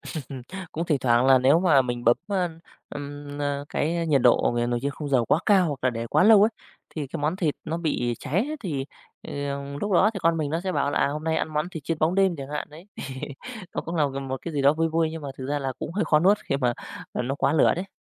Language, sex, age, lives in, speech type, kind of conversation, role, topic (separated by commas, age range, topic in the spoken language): Vietnamese, male, 35-39, Vietnam, conversation, podcast, guest, Món ăn gia đình bạn nấu thường xuyên nhất là gì?